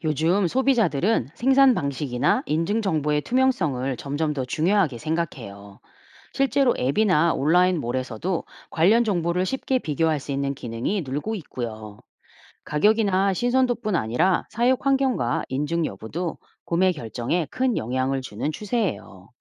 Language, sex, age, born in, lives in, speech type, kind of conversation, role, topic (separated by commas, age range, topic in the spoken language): Korean, female, 45-49, South Korea, United States, read, expert-assistant, expert, 자연 방목 한우와 축사 한우는 어떤 차이가 있나요?